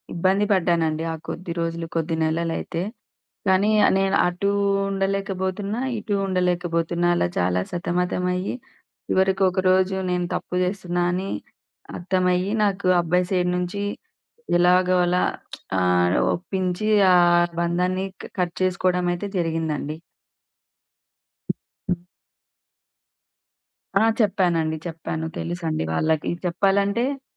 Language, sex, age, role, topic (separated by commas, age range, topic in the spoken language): Telugu, female, 30-34, guest, తప్పును ఒప్పుకోవడం మీకు ఎందుకు ముఖ్యమని అనిపిస్తుంది?